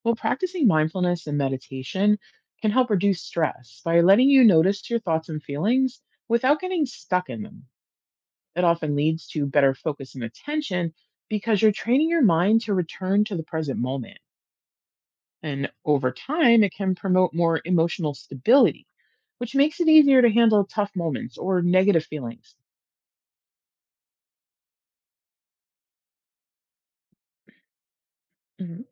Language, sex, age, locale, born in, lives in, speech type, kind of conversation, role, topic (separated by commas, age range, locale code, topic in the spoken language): English, female, 40-44, en-US, United States, United States, read, expert-assistant, expert, What are the benefits of mindfulness and meditation on mental health?